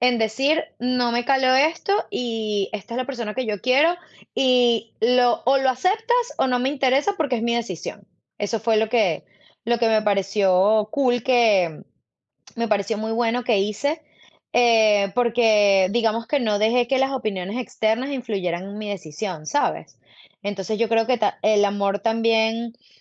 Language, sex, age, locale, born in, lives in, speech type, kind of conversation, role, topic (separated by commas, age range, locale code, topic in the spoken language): Spanish, female, 35-39, es-VE, Venezuela, United States, conversation, unstructured, none, ¿Qué ha sido lo más sorprendente que has vivido en el amor?